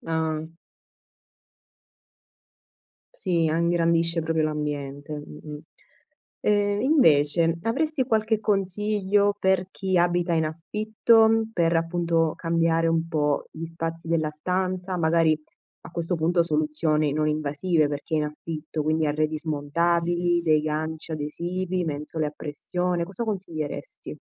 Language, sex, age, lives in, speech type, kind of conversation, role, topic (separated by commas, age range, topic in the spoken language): Italian, female, 25-29, Italy, conversation, podcast, host, Come organizzi al meglio gli spazi in una casa piccola?